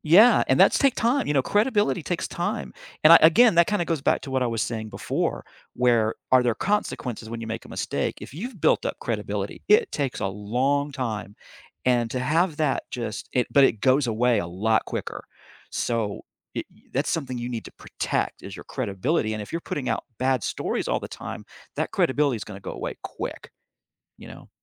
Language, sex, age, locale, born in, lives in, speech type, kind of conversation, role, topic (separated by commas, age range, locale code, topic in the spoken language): English, male, 55-59, en-US, United States, United States, conversation, unstructured, none, What helps you decide whether to trust information you see in the news?